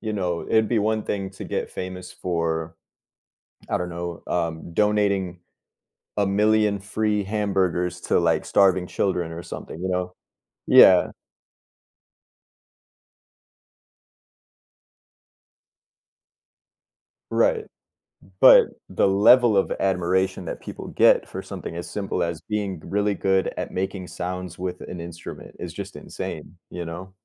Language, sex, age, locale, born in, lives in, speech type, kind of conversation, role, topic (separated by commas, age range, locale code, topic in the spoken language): English, male, 30-34, en-US, United States, United States, conversation, unstructured, none, Would you rather be famous for your talent or for your kindness?